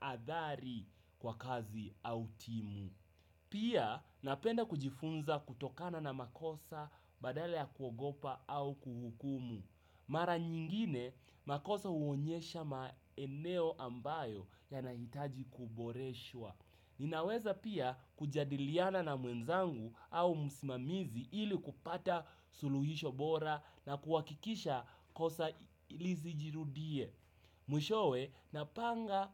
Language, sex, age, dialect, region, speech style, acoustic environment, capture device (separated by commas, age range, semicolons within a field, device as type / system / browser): Swahili, male, 18-24, Kenya - Nairobi Sheng-influenced, Kenya, happy, music; nature; silence; traffic; people talking; construction; appliances, desktop / Windows / Chrome